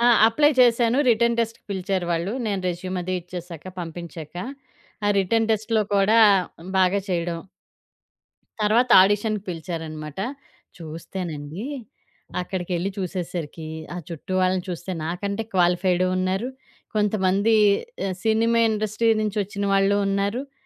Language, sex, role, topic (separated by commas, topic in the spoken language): Telugu, female, guest, అనుకోకుండా దొరికిన అవకాశాన్ని మీరు ఎలా ఉపయోగించుకున్నారు?